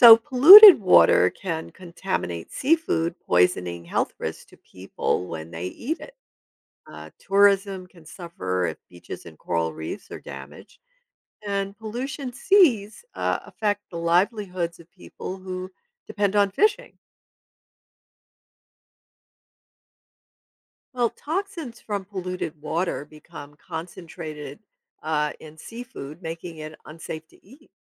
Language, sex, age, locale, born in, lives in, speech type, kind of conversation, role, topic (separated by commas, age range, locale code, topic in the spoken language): English, female, 70-74, en-US, United States, United States, read, expert-assistant, expert, What effects does pollution have on marine life?